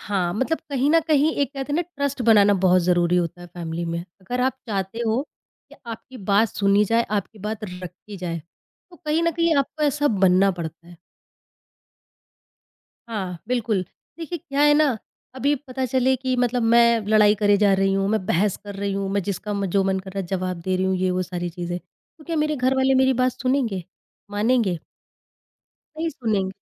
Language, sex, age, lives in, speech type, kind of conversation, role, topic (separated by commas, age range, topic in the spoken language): Hindi, female, 30-34, India, conversation, podcast, guest, Growth ke liye tumne kaunsi aadatein jaanbujhkar apnaayi hain?